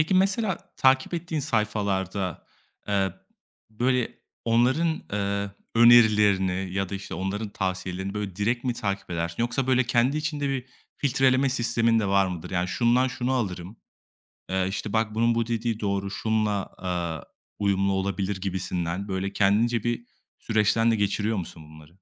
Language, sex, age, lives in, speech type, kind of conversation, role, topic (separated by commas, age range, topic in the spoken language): Turkish, male, 25-29, Italy, conversation, podcast, host, Instagram veya bloglar sana stil ilhamı veriyor mu?